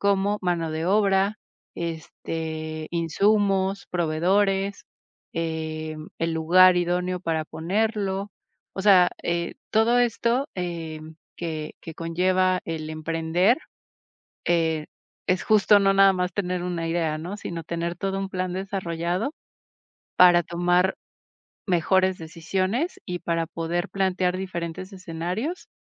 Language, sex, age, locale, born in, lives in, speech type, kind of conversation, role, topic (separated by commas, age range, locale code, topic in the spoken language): Spanish, female, 40-44, es-MX, Mexico, Mexico, conversation, advice, advisor, ¿Cómo puedo decidir si dejar un trabajo estable para emprender?